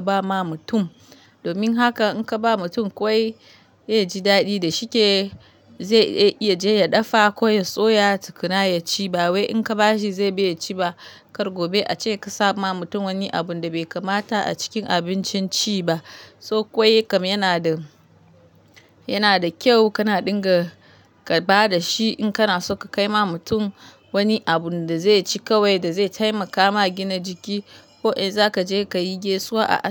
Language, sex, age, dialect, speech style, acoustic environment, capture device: Hausa, female, 25-34, Nigeria - Kano, happy; relaxed; focused, nature, mobile / Linux / Chrome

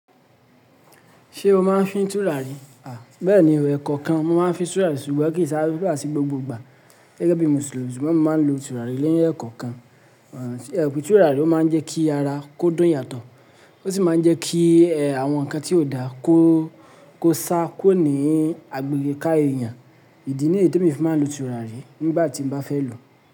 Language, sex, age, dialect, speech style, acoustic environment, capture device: Yoruba, male, 25-34, Nigeria - Lagos Yoruba, happy; relaxed; focused; excited, silence; nature, mobile / Linux / Chrome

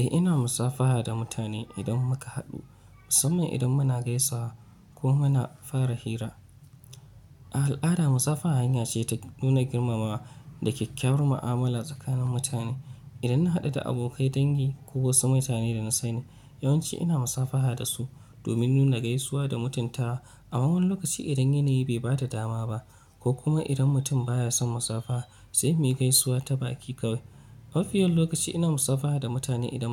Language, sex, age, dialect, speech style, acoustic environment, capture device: Hausa, male, 25-34, Nigeria - Nigerian Standard, relaxed; focused, nature; appliances, mobile / Linux / Chrome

